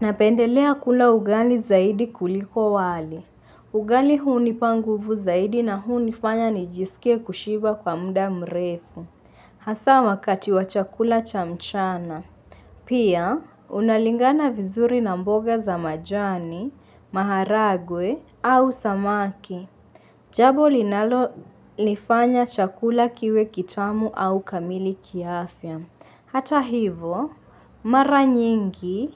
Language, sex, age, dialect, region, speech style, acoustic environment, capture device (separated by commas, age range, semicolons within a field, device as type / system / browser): Swahili, female, 25-34, Kenya - Mombasa, Kenya, focused; relaxed, nature; silence; appliances, mobile / Linux / Chrome